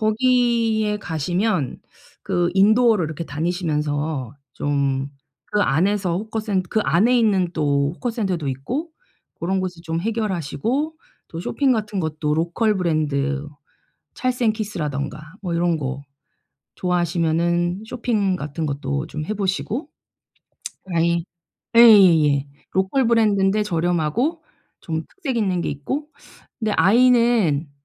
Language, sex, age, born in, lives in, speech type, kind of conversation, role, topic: Korean, female, 45-49, South Korea, South Korea, conversation, advice, advisor, 예산 안에서 즐거운 여행을 알뜰하게 계획하려면 어떻게 해야 하나요?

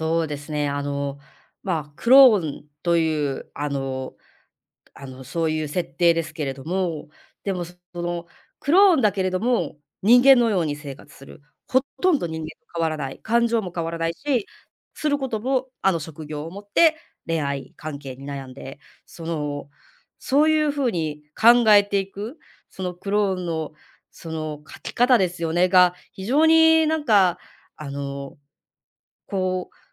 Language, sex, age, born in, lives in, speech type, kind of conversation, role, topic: Japanese, female, 35-39, Japan, Japan, conversation, podcast, guest, 物語に慰められた経験はありますか？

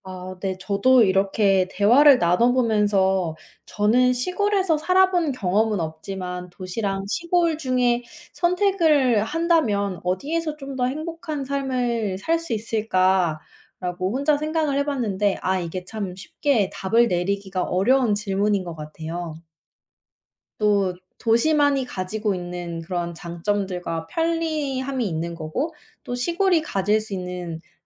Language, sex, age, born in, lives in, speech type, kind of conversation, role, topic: Korean, female, 25-29, South Korea, United States, conversation, unstructured, none, 도시 생활과 시골 생활 중 어느 쪽이 더 매력적이라고 생각하시나요?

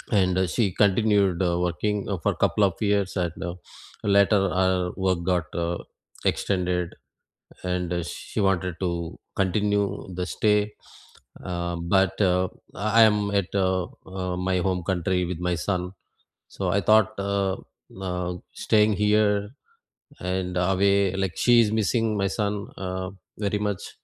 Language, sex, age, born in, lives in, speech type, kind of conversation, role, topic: English, male, 45-49, India, United States, conversation, unstructured, none, Can you share a moment when changing your mind left you grateful for the outcome?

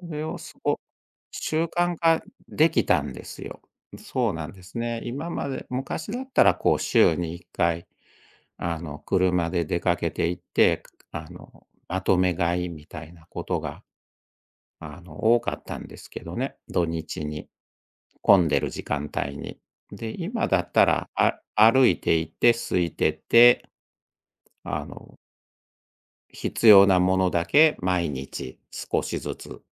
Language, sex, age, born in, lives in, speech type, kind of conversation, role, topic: Japanese, male, 60-64, Japan, Japan, conversation, podcast, guest, 家事の分担はどのように話し合って決めるのがよいですか？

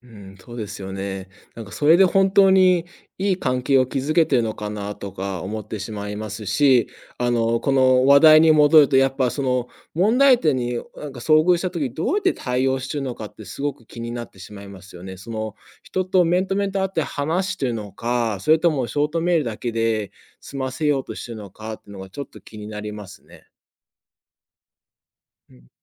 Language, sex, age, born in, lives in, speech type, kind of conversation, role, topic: Japanese, male, 30-34, United States, United States, conversation, podcast, guest, 行き詰まったとき、まず何をしますか？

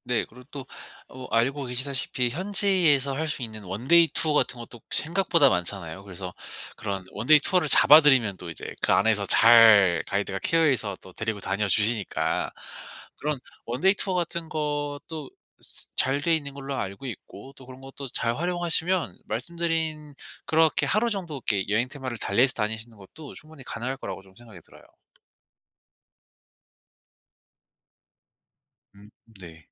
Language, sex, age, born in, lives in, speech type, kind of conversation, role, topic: Korean, male, 25-29, South Korea, South Korea, conversation, advice, advisor, 어떻게 하면 여행 중 예상치 못한 문제에 잘 대처할 수 있을까요?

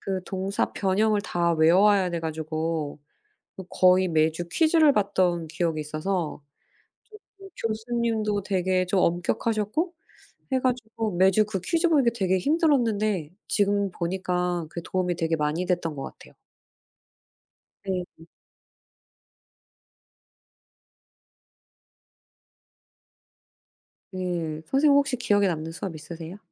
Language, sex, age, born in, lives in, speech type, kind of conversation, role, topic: Korean, female, 40-44, South Korea, United States, conversation, unstructured, none, 학교에서 가장 기억에 남는 수업은 무엇인가요?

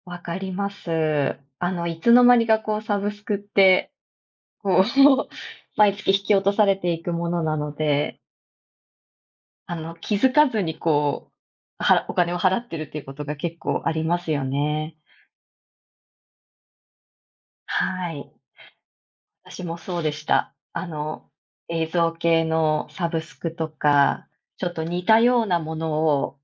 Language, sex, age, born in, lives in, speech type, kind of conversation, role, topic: Japanese, female, 35-39, Japan, Japan, conversation, unstructured, none, 給料が上がっても手元にお金が増えないのはなぜでしょうか？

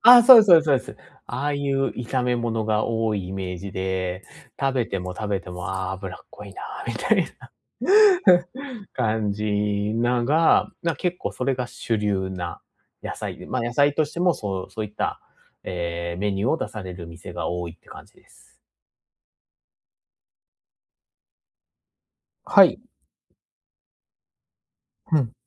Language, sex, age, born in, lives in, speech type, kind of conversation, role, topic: Japanese, male, 30-34, Japan, Japan, conversation, advice, user, 外食のとき、どうすれば無理なく健康的な選択を続けられますか？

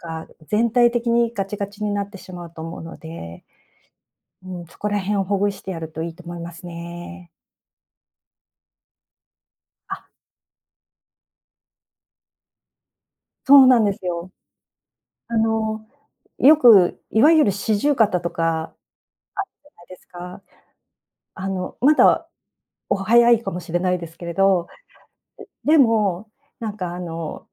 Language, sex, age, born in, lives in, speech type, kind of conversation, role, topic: Japanese, female, 55-59, Japan, Japan, conversation, advice, advisor, 仕事で休憩や運動の時間が取れず、疲れが溜まっているのですが、どうすればよいですか？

